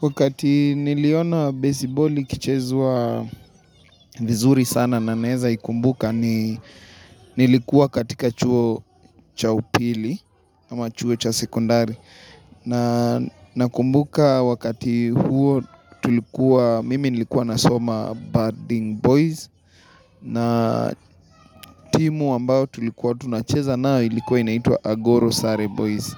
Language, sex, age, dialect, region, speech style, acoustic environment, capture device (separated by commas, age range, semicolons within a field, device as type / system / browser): Swahili, male, 25-34, Kenya - Nairobi Sheng-influenced, Kenya, relaxed; excited; happy, people talking; silence, mobile / Linux / Chrome